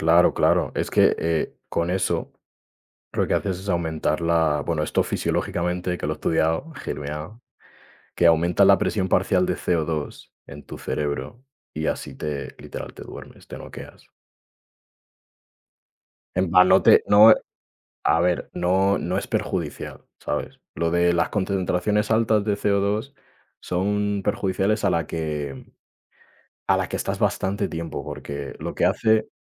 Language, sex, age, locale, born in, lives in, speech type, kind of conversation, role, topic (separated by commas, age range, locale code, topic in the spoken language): Spanish, male, 20-24, es-ES, Spain, Spain, conversation, unstructured, none, ¿Cómo integras la meditación en tu día a día?